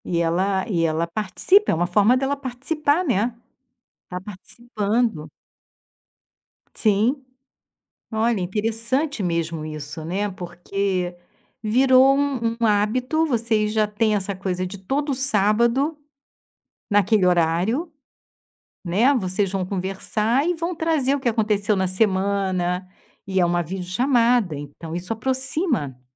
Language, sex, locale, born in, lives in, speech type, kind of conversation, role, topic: Portuguese, female, pt-BR, Brazil, Portugal, conversation, podcast, host, Como a internet afetou as suas relações com amigos e família?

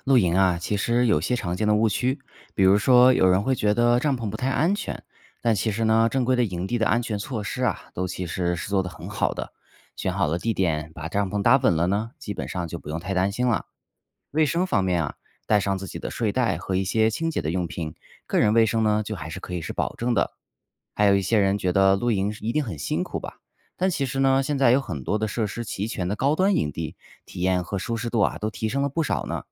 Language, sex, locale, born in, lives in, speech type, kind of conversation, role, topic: Chinese, male, zh-CN, China, United States, read, expert-assistant, expert, 露营时如何有效防止动物偷食和处理垃圾？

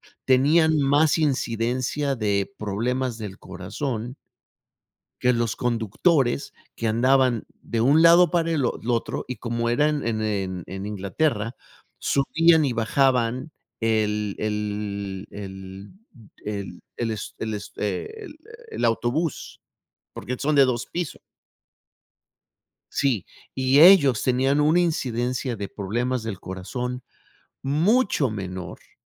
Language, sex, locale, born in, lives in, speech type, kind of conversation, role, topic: Spanish, male, es-MX, Mexico, United States, conversation, unstructured, none, ¿Te preocupa que la falta de ejercicio pueda causar enfermedades?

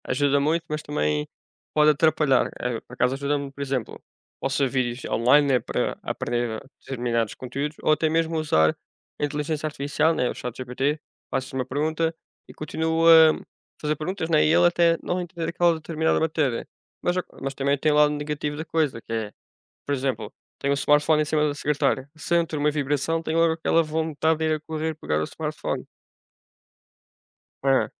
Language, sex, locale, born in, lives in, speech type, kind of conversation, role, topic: Portuguese, male, pt-PT, Portugal, Portugal, conversation, unstructured, none, A tecnologia ajuda ou atrapalha o aprendizado?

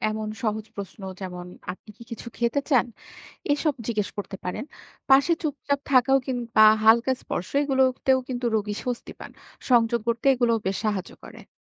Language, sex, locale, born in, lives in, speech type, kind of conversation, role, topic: Bengali, female, bn-BD, Bangladesh, Bangladesh, read, expert-assistant, expert, রোগীদের সঙ্গে আরও ভালোভাবে কথা বলার নতুন কী উপায় খুঁজে বের করা যায়?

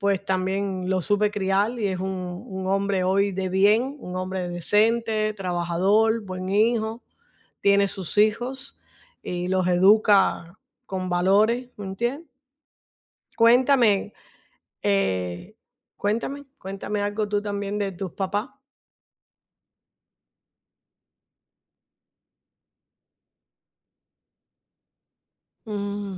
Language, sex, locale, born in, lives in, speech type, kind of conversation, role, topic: Spanish, female, es-CU, Cuba, United States, conversation, unstructured, none, ¿Te gustaría compartir un recuerdo feliz de alguien que ya no está?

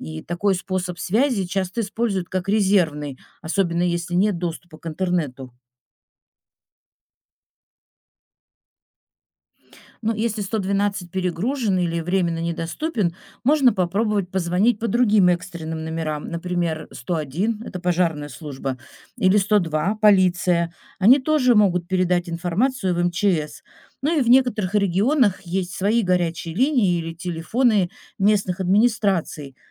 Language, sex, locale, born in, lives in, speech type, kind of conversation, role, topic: Russian, female, ru-RU, Russia, Italy, read, expert-assistant, expert, Как быстро и где можно узнать о работе спасательных служб?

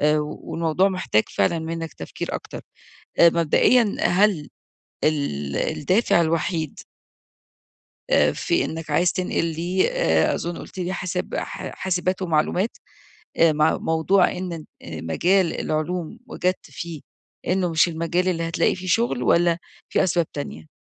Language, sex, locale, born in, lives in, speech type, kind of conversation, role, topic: Arabic, female, ar-EG, Egypt, Egypt, conversation, advice, advisor, إزاي أراجع خياراتي في التعليم أو أغيّر مساري المهني؟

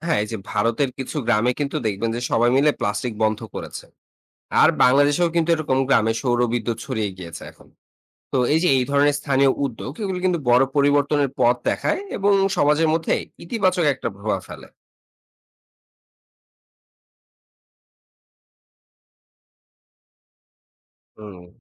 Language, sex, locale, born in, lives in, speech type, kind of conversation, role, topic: Bengali, male, bn-BD, Bangladesh, Bangladesh, read, expert-assistant, expert, ব্যক্তি উদ্যোগ, সরকারি নীতিমালা ও কমিউনিটি প্রচেষ্টা—পরিবেশ রক্ষায় কোনটি বেশি কার্যকর?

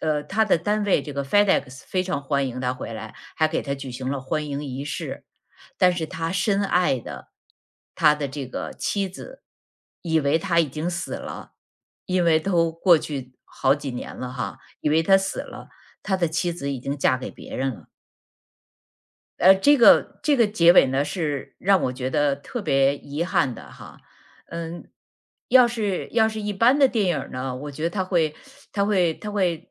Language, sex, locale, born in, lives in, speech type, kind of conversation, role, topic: Chinese, female, zh-CN, China, United States, conversation, podcast, guest, 哪一部电影让你印象最深刻，为什么？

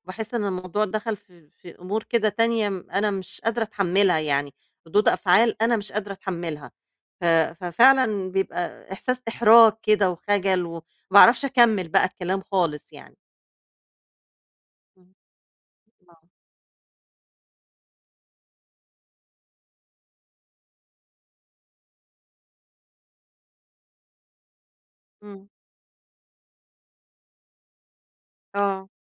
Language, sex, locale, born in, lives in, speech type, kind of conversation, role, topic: Arabic, female, ar-EG, Egypt, Egypt, conversation, advice, user, إزاي أفتح موضوع الادخار مع الناس من غير ما أتكسف؟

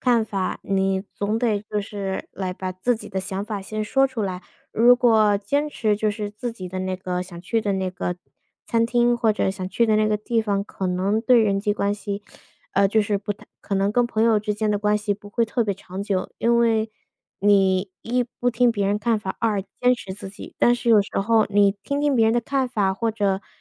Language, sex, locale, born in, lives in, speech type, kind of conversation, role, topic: Chinese, female, zh-CN, United States, United States, conversation, unstructured, none, 你觉得坚持原则更重要，还是妥协更现实？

